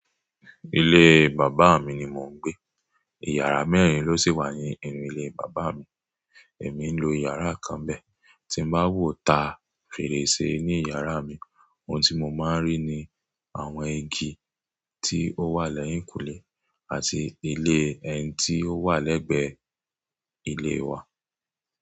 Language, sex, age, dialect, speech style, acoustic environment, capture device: Yoruba, male, 25-34, Nigeria - Ibadan, tired, silence, mobile / Android / Chrome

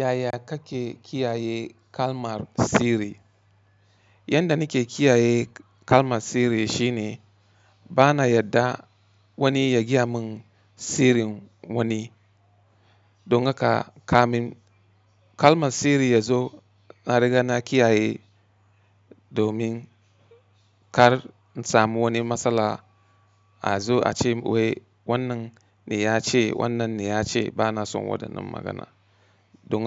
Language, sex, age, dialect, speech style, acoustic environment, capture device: Hausa, male, 35-44, Nigeria - Katsina, happy, silence, mobile / Linux / Chrome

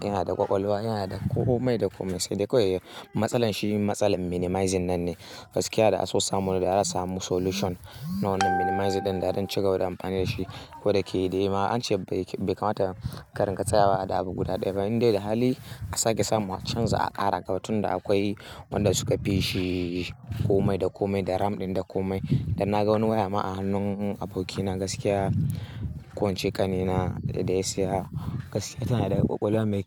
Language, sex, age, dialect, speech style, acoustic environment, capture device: Hausa, male, 18-24, Nigeria - Kano, happy; excited; nervous; anxious; focused; tired, silence, mobile / Linux / Chrome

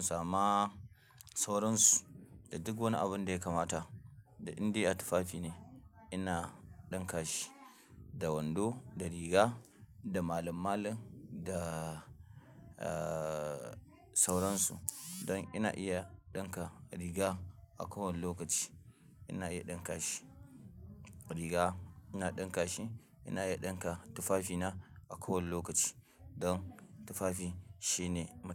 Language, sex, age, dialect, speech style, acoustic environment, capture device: Hausa, male, 25-34, Nigeria - Kano, focused, silence, mobile / Linux / Chrome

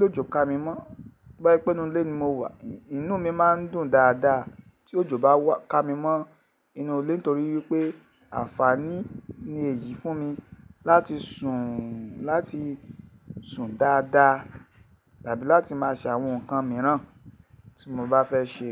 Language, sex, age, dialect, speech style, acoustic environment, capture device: Yoruba, male, 35-44, Nigeria - Lagos Yoruba, happy; focused, silence, mobile / Linux / Chrome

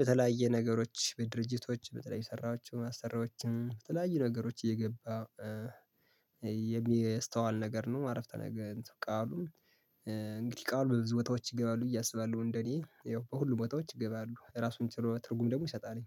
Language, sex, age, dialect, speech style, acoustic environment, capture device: Amharic, male, 25-34, Ethiopia - Gondar, happy, silence, mobile / Linux / Chrome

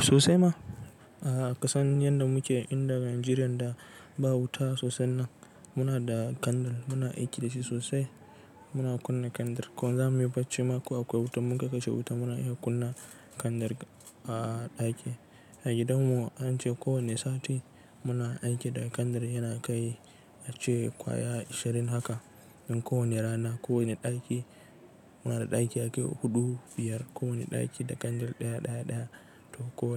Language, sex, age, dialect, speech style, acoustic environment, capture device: Hausa, male, 18-24, Nigeria - Nigerian Standard, relaxed, nature; construction, desktop / Linux / Chrome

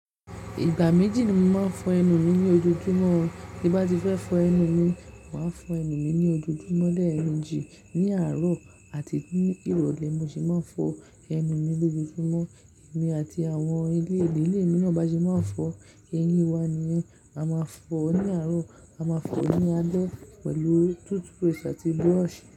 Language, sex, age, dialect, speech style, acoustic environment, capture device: Yoruba, male, 18-24, Nigeria - Lagos Yoruba, happy; relaxed; focused, nature; silence, mobile / Linux / Chrome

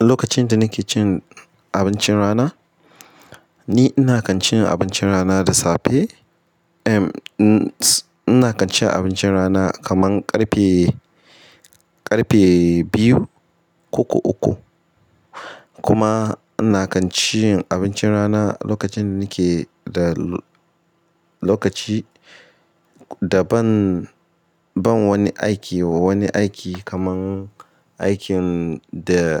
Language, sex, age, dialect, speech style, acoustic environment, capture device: Hausa, male, 25-34, Nigeria - Ibadan, relaxed; excited, nature, mobile / Linux / Chrome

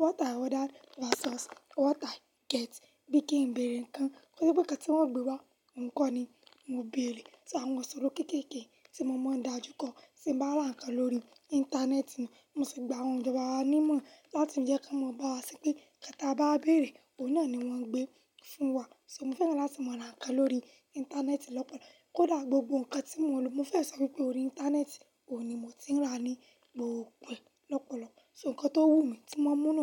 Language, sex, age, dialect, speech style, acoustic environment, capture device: Yoruba, female, 18-24, Nigeria - Lagos Yoruba, happy; anxious, silence, mobile / Linux / Chrome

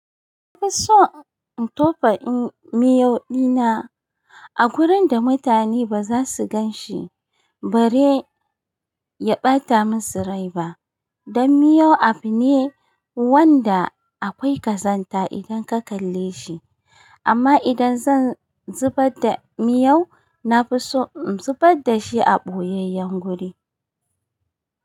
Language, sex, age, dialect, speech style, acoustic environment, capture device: Hausa, female, 35-44, Nigeria - Kano, happy, nature, mobile / Linux / Chrome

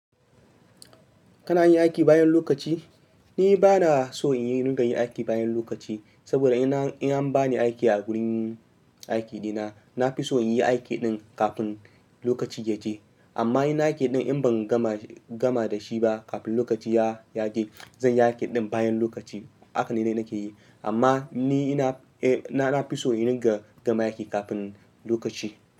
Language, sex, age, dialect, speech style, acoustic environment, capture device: Hausa, male, 25-34, Nigeria - Ibadan, focused, nature, mobile / Linux / Chrome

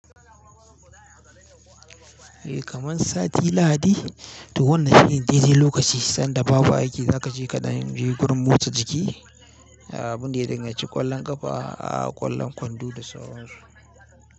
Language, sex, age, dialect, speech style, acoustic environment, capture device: Hausa, male, 18-24, Nigeria - Kano, happy; focused, appliances, mobile / Linux / Chrome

